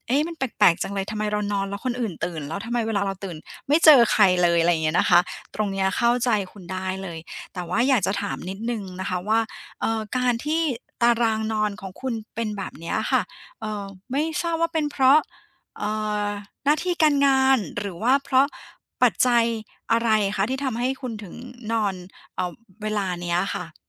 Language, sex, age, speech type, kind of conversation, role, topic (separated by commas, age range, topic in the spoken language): Thai, female, 40-44, conversation, advice, advisor, ฉันจะทำอย่างไรให้การนอนของฉันสม่ำเสมอขึ้น?